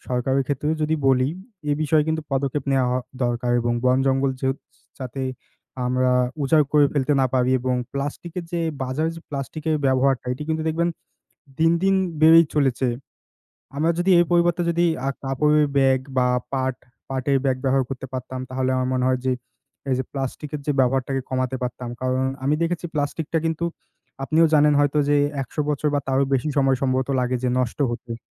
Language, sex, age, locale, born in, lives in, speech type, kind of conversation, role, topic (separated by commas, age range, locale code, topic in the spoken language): Bengali, male, 20-24, bn-BD, Bangladesh, Bangladesh, conversation, unstructured, none, আপনার মতে আজকাল প্রাকৃতিক দুর্যোগ কেন বেশি হচ্ছে?